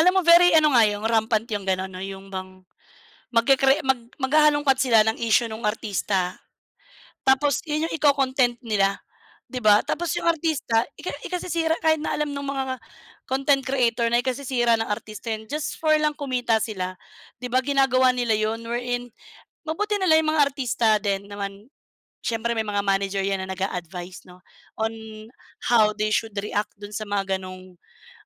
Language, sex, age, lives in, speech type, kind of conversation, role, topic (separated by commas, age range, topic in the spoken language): Filipino, female, 35-39, Philippines, conversation, unstructured, none, Paano nakaaapekto ang midyang panlipunan sa karera ng mga sikat na artista?